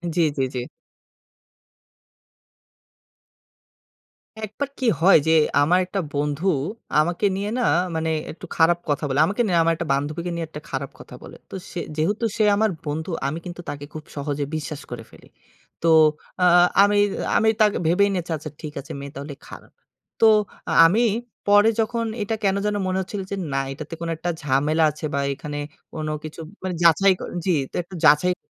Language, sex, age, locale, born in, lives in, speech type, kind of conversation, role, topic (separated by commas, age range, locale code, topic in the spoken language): Bengali, male, 25-29, bn-BD, Bangladesh, Bangladesh, conversation, podcast, guest, আপনি যখন ভুল করেন, তখন পরে কী করেন?